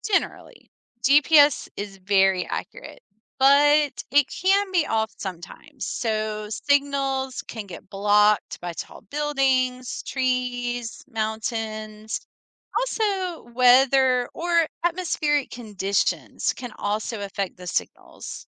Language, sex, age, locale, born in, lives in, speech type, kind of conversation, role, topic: English, female, 45-49, en-US, United States, United States, read, expert-assistant, expert, How does a GPS work to help with navigation?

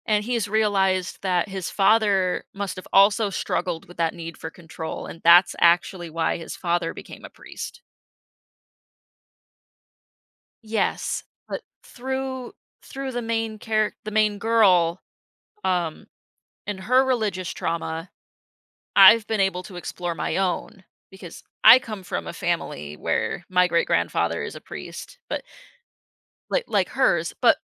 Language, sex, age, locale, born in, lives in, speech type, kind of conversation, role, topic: English, female, 25-29, en-US, United States, United States, conversation, podcast, guest, How do your feelings shape the way you create and express yourself?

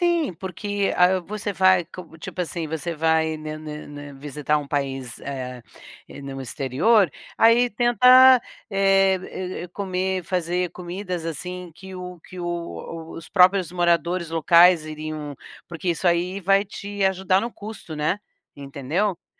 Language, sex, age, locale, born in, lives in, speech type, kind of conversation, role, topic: Portuguese, female, 60-64, pt-BR, Brazil, United States, conversation, advice, advisor, Como posso viajar mais mesmo com pouco dinheiro disponível?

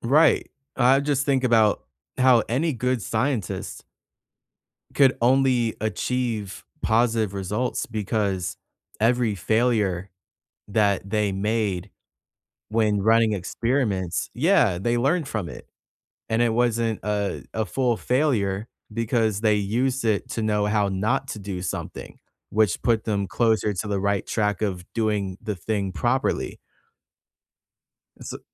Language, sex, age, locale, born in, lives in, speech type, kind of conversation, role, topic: English, male, 25-29, en-US, United States, United States, conversation, unstructured, none, What can we learn from past mistakes in history?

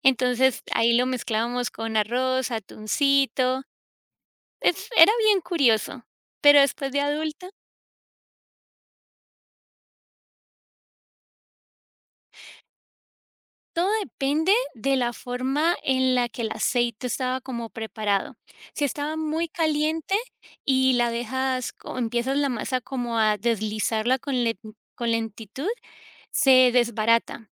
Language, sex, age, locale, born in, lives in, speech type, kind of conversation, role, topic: Spanish, female, 35-39, es-CO, United States, United States, conversation, podcast, guest, ¿Tienes algún recuerdo de infancia ligado a una comida?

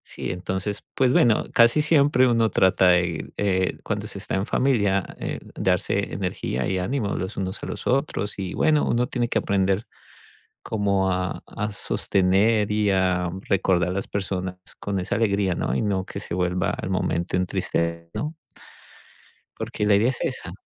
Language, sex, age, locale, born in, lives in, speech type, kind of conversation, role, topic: Spanish, male, 40-44, es-CO, Colombia, United States, conversation, unstructured, none, ¿Tienes alguna tradición familiar que te guste mucho?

